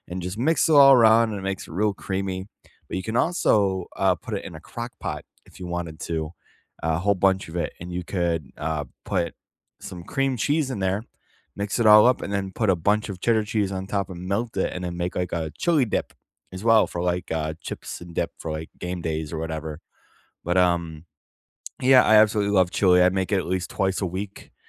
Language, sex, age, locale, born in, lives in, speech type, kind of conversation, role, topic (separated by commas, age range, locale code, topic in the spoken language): English, male, 25-29, en-US, United States, United States, conversation, unstructured, none, What is your go-to comfort food, and what memory do you associate with it?